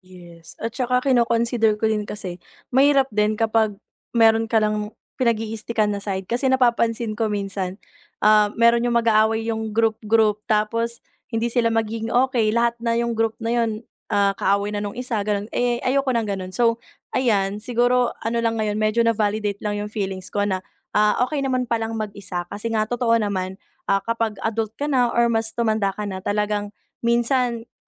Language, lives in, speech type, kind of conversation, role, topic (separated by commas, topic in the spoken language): Filipino, Philippines, conversation, advice, user, Paano ko haharapin ang pakiramdam na naiwan o naiiwan sa grupo?